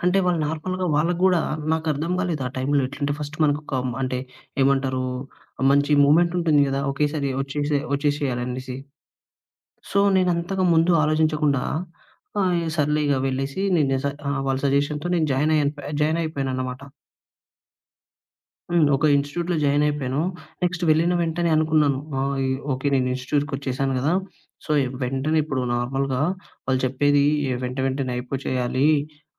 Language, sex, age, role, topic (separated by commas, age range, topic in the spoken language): Telugu, male, 20-24, guest, ప్రతిరోజూ చిన్న మార్పులు చేయడం వల్ల మీ జీవితం ఎలా మారింది?